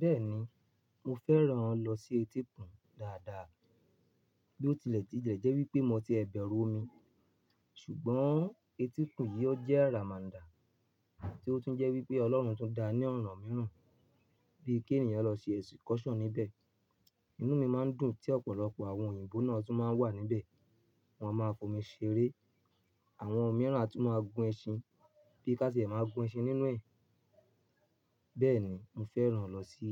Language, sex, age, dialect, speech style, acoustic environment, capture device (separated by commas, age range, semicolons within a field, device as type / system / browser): Yoruba, male, 25-34, Nigeria - Ibadan, happy, music, mobile / Linux / Chrome